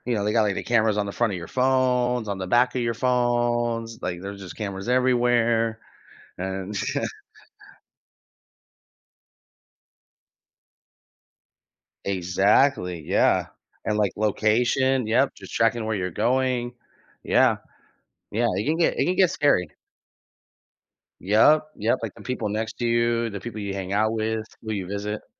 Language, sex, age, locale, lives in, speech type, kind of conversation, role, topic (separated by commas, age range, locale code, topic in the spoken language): English, male, 30-34, en-US, United States, conversation, unstructured, none, How do you think facial recognition technology will impact our privacy and daily lives?